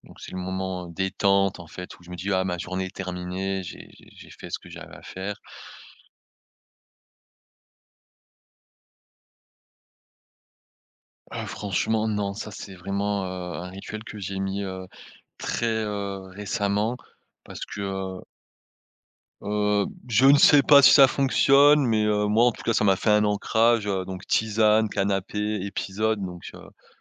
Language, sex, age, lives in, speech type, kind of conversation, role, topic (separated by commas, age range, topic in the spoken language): French, male, 30-34, France, conversation, podcast, guest, Comment récupères-tu après une semaine vraiment épuisante ?